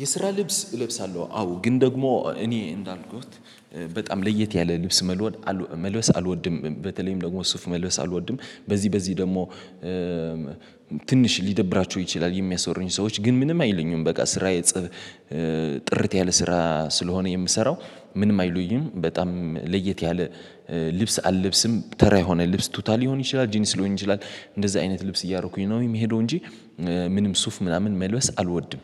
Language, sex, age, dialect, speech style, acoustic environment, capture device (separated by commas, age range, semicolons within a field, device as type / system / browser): Amharic, male, 18-24, Ethiopia - Addis Ababa, excited, silence, mobile / Linux / Chrome